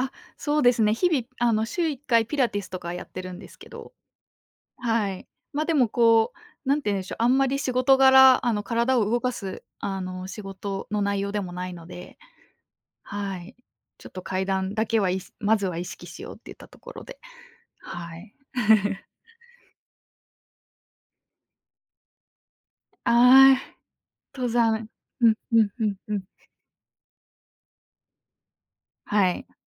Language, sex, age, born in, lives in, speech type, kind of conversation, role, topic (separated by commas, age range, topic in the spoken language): Japanese, female, 25-29, Japan, Japan, conversation, podcast, guest, 小さな習慣を続けたことで、大きく変わった経験はありますか？